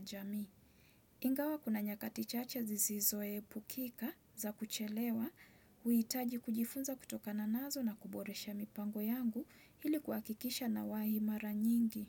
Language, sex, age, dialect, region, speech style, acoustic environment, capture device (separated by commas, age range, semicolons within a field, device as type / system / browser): Swahili, female, 25-34, Kenya - Mombasa, Kenya, relaxed, nature; silence, desktop / Windows / Chrome